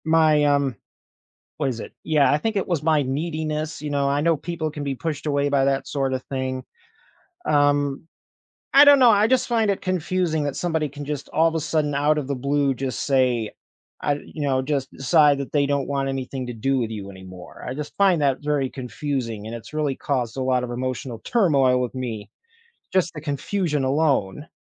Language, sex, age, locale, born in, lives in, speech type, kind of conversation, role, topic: English, male, 30-34, en-US, United States, United States, conversation, advice, user, How can I cope with loneliness and start rebuilding my social life after a breakup or loss?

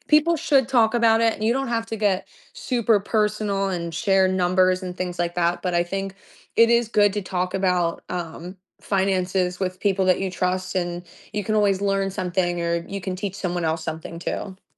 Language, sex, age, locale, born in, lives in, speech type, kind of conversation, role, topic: English, female, 25-29, en-US, United States, United States, conversation, podcast, guest, What simple changes can help people build better money habits over time?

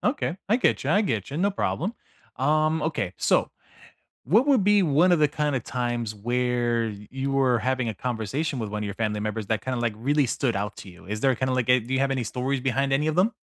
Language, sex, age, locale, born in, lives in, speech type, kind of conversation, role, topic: English, male, 35-39, en-US, United States, United States, conversation, unstructured, none, What meaningful habits help you stay close to old friends over the years?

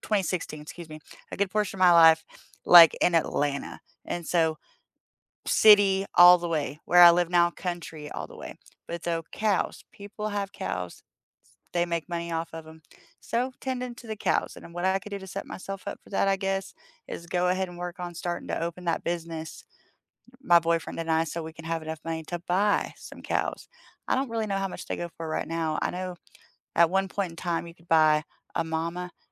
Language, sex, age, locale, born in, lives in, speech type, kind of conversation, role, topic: English, female, 30-34, en-US, United States, United States, conversation, unstructured, none, What would your ideal day look like a few years from now, and who and what would fill it?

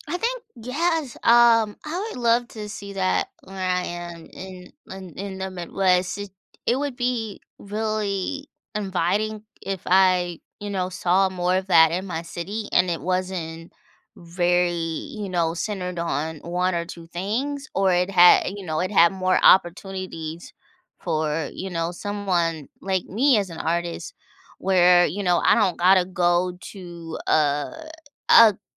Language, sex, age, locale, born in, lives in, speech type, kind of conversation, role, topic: English, female, 30-34, en-US, United States, United States, conversation, podcast, guest, How have your travels shaped the way you see the world?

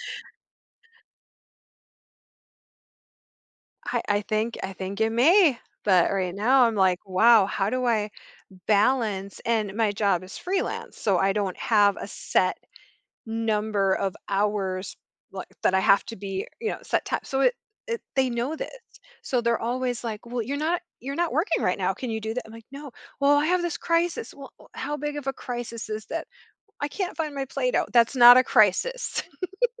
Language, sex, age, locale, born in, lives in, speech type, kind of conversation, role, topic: English, female, 45-49, en-US, United States, United States, conversation, advice, user, How can i balance my work responsibilities while making time for my family?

